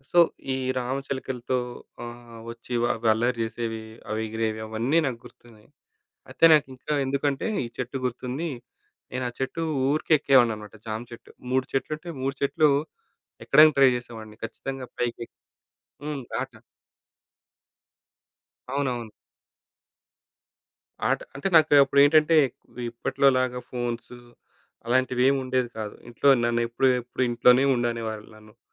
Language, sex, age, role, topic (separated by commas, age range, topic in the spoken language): Telugu, male, 35-39, guest, మీకు అత్యంత ఇష్టమైన చెట్టు లేదా మొక్క ఏది, దానికి కారణం ఏమిటి?